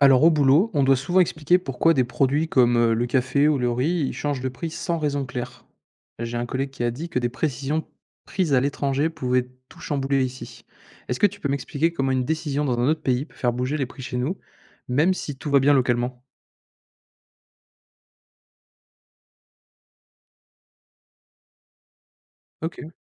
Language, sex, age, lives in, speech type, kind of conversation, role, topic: French, male, 20-24, France, read, expert-assistant, asker, Comment des décisions à l’étranger peuvent-elles faire varier les prix en France ?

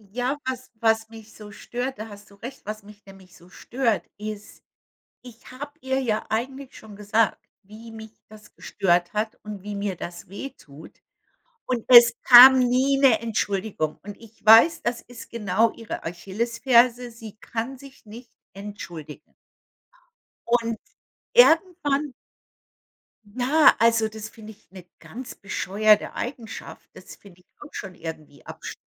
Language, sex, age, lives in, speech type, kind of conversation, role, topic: German, female, 55-59, United States, conversation, unstructured, none, Was bedeutet Verzeihen für dich nach einem Streit?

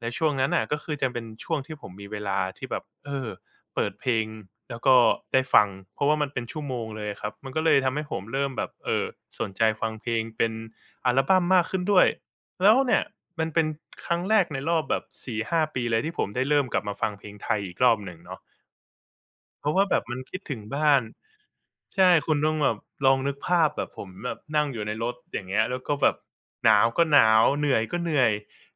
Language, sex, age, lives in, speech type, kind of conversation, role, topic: Thai, male, 25-29, Thailand, conversation, podcast, guest, มีเพลงไหนที่ฟังแล้วคิดถึงบ้านมาก ๆ ไหม?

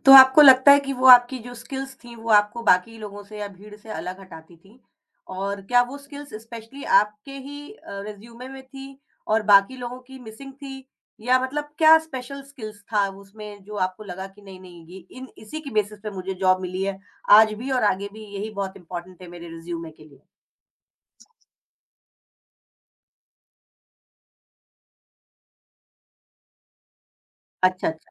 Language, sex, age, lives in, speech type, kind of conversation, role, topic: Hindi, female, 45-49, India, conversation, podcast, host, Pehli naukri milne par aapko kaisa laga?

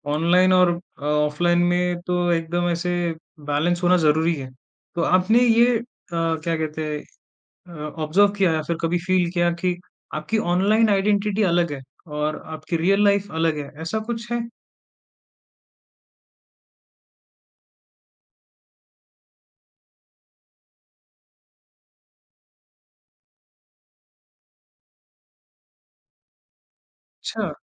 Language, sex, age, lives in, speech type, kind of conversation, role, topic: Hindi, male, 20-24, India, conversation, podcast, host, Online identity aur real life identity ka balance tum kaise banate ho?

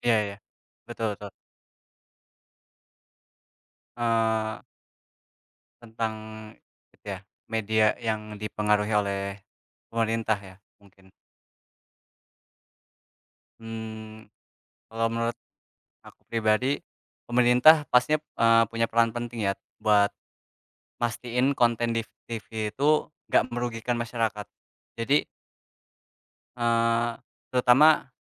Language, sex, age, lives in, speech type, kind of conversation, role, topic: Indonesian, male, 20-24, Indonesia, conversation, unstructured, none, Apakah kamu setuju jika pemerintah mengatur isi acara televisi?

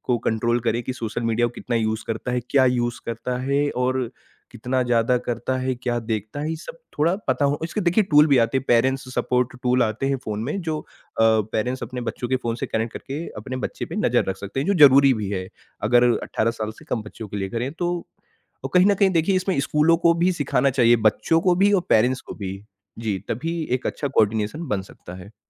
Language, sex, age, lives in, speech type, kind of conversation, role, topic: Hindi, male, 40-44, India, conversation, podcast, guest, Kya social media dekhne se tumhara mood badal jata hai?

